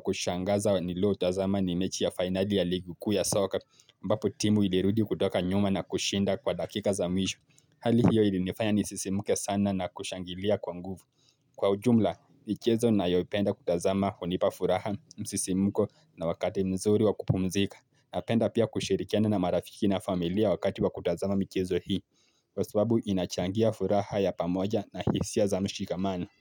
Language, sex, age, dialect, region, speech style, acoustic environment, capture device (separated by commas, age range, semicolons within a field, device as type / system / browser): Swahili, male, 18-24, Kenya - Mombasa, Kenya, focused, nature, mobile / Linux / Chrome